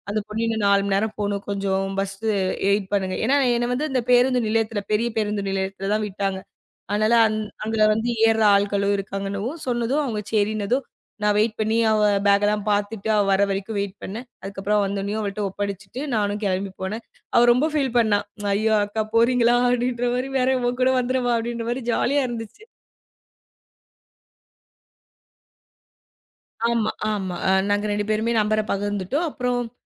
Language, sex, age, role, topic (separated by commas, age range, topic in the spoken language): Tamil, female, 25-29, guest, புதிய இடத்தில் நீங்கள் எப்படி நட்புகளை உருவாக்கினீர்கள்?